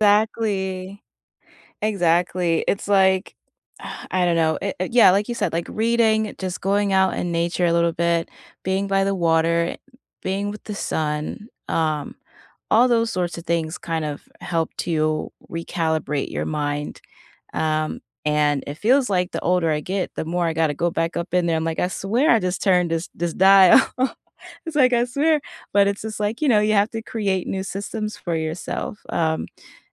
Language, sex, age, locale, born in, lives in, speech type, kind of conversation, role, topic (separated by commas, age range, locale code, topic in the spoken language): English, female, 30-34, en-US, United States, United States, conversation, unstructured, none, Which small daily habit has improved your routine, and why is it meaningful to you?